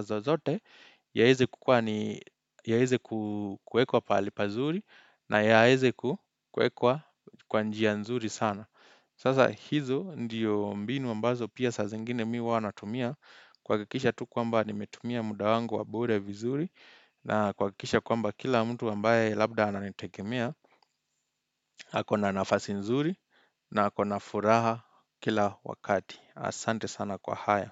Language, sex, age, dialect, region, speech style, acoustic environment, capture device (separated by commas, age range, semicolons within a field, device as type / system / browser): Swahili, male, 25-34, Kenya - Nairobi Sheng-influenced, Kenya, happy, silence, desktop / Windows / Chrome